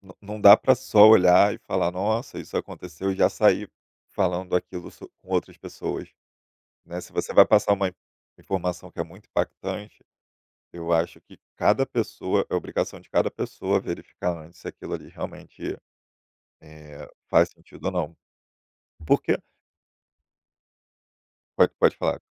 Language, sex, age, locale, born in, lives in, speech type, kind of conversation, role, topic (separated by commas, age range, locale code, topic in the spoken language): Portuguese, male, 30-34, pt-BR, Brazil, Germany, conversation, podcast, guest, O que faz você desconfiar de uma notícia online?